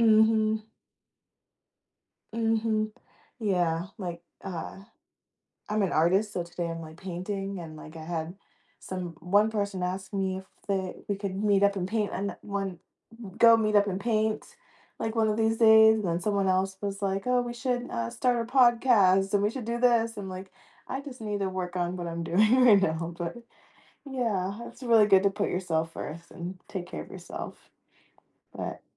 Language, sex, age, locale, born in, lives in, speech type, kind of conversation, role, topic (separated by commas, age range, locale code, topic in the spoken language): English, female, 30-34, en-US, United States, United States, conversation, podcast, guest, Why is self-care important for maintaining overall well-being?